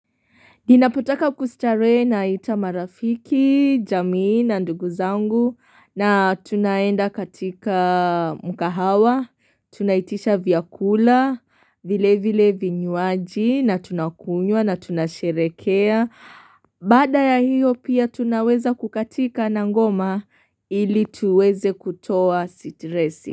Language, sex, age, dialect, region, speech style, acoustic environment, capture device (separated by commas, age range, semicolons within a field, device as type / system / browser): Swahili, female, 25-34, Kenya - Mombasa, Kenya, happy; excited, nature, mobile / Linux / Chrome